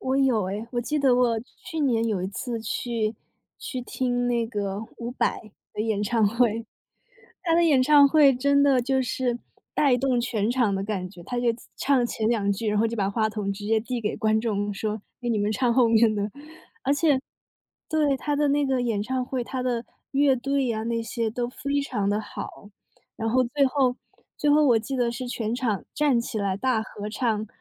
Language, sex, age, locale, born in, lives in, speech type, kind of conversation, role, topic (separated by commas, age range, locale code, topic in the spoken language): Chinese, female, 25-29, zh-CN, China, United States, conversation, unstructured, none, 你觉得音乐会让人更快乐吗？